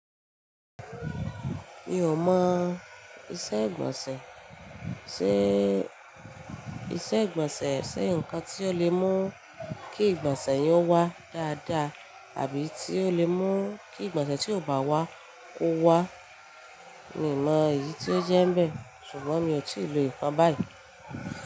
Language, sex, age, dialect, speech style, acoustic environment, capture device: Yoruba, female, 25-34, Nigeria - Ibadan, excited, appliances, mobile / Linux / Chrome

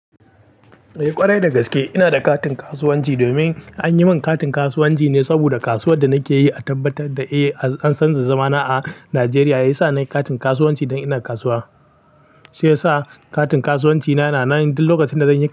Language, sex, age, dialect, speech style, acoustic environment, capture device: Hausa, male, 18-24, Nigeria - Pidgin-influenced, happy, silence, mobile / Linux / Chrome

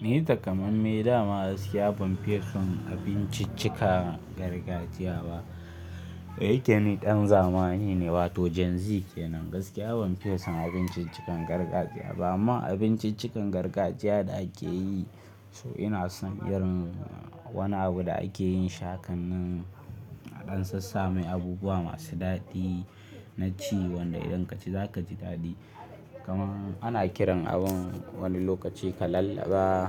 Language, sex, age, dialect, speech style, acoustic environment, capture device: Hausa, male, 18-24, Nigeria - Kano, focused, silence, mobile / Linux / Chrome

